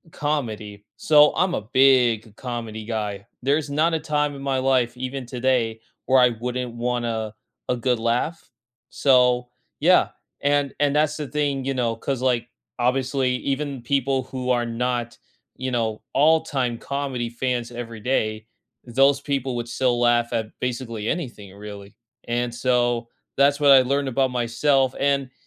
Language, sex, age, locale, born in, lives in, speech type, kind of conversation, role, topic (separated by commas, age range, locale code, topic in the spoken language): English, male, 20-24, en-US, United States, United States, conversation, unstructured, none, When have you stepped outside your comfort zone, and what did it teach you about yourself?